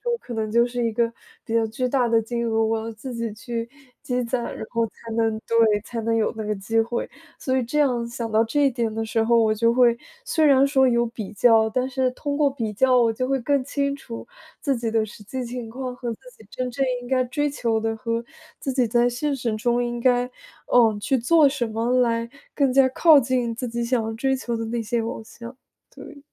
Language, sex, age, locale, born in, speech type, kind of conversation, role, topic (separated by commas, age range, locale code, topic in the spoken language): Chinese, female, 20-24, zh-CN, China, conversation, advice, user, 看到别人总有活动时，我该如何应对社交比较和害怕错过的感觉？